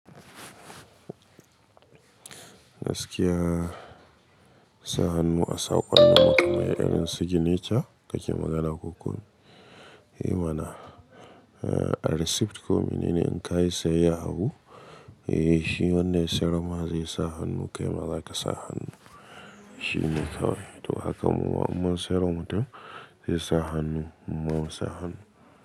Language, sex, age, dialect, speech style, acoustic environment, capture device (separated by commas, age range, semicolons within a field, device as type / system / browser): Hausa, male, 25-34, Nigeria - Katsina, happy, nature, mobile / Linux / Chrome